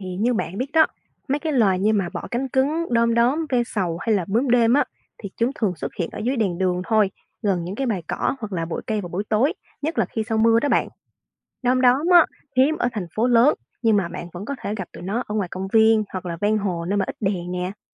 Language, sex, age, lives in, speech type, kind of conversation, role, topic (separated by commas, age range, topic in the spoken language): Vietnamese, female, 20-24, Vietnam, read, expert-assistant, expert, Làm thế nào để quan sát tự nhiên và học hỏi về sinh thái ngay trong thành phố?